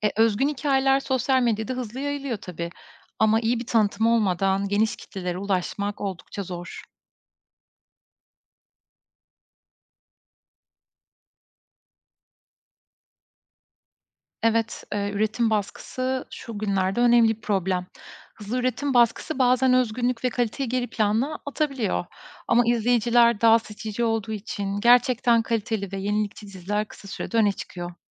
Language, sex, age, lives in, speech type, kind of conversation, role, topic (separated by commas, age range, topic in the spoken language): Turkish, female, 35-39, Estonia, read, expert-assistant, expert, Dijital yayın platformlarının artması, geleneksel televizyonun değerini azaltıyor mu? Bu değişimin riskleri neler?